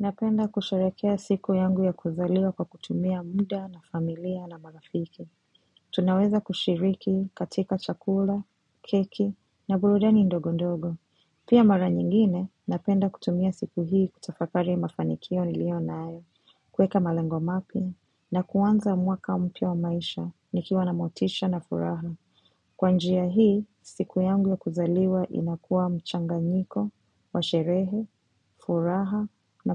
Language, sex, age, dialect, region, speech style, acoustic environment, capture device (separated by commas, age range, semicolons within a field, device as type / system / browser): Swahili, female, 25-34, Kenya - Mombasa, Kenya, relaxed, silence, mobile / macOS / Safari